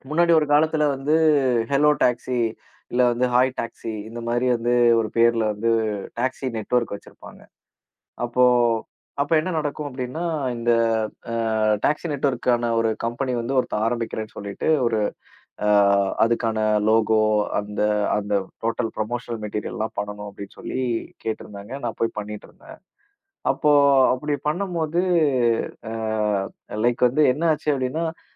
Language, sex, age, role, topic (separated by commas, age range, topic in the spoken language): Tamil, male, 30-34, host, ஆன்லைனில் பரவும் தவறான தகவல்களை நீங்கள் எப்படி கண்டறிந்து சரிபார்க்கிறீர்கள்?